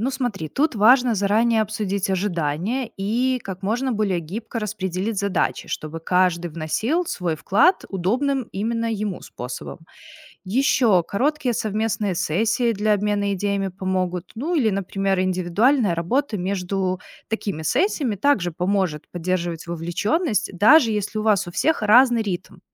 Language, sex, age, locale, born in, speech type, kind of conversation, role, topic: Russian, female, 30-34, ru-RU, Belarus, read, expert-assistant, expert, Что стоит учитывать при планировании недели, чтобы не потерять креативный настрой?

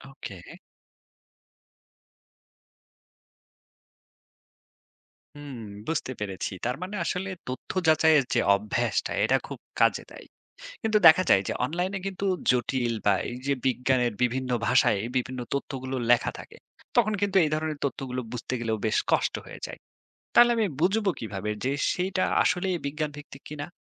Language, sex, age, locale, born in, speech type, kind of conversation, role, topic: Bengali, male, 30-34, bn-BD, Bangladesh, read, expert-assistant, asker, স্বাস্থ্য সংক্রান্ত বিভ্রান্তিকর তথ্য কীভাবে সঠিকভাবে যাচাই করা যায়?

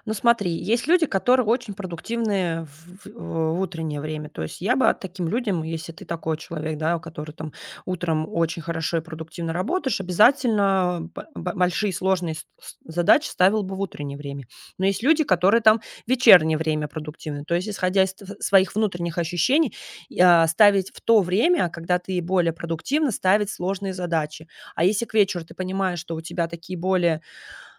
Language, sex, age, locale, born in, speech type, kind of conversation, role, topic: Russian, female, 30-34, ru-RU, Russia, conversation, advice, advisor, Как мне научиться придерживаться рабочей рутины и графика?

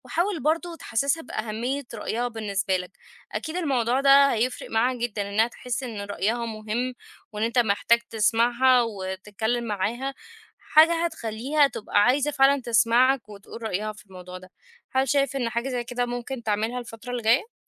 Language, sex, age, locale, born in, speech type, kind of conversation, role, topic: Arabic, female, 30-34, ar-EG, Egypt, conversation, advice, advisor, إزاي بيكون شعورك لما تحس إن اللي قدامك مش بيسمعك؟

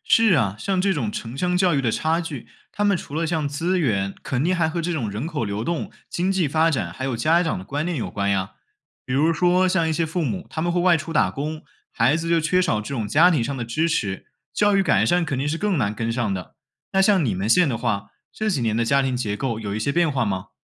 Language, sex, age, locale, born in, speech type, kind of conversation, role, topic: Chinese, male, 20-24, zh-CN, China, read, expert-assistant, expert, 城乡教育不平等有哪些主要表现及应对措施？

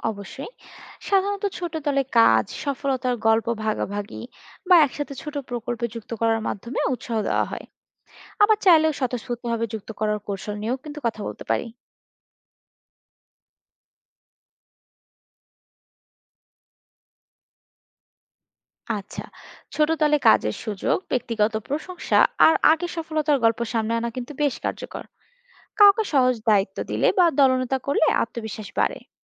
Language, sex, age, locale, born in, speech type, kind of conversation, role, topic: Bengali, female, 25-29, bn-BD, Bangladesh, read, expert-assistant, expert, গ্রামে আর শহরে বাস করার সুবিধা-অসুবিধা একসাথে মিলিয়ে নতুন ধরনের বসতি কেমন হতে পারে?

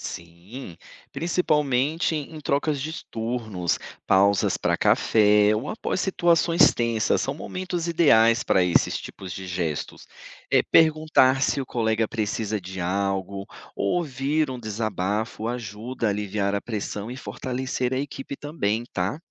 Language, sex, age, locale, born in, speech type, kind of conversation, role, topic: Portuguese, male, 35-39, pt-BR, Brazil, read, expert-assistant, expert, O que médicos podem aprender no dia a dia do consultório que não vem nos livros?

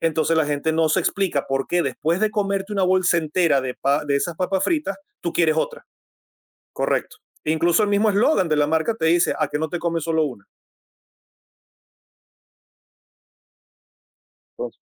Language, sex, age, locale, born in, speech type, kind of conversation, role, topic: Spanish, male, 45-49, es-VE, Venezuela, conversation, advice, advisor, ¿Por qué me cuesta mantener hábitos saludables de ejercicio y alimentación?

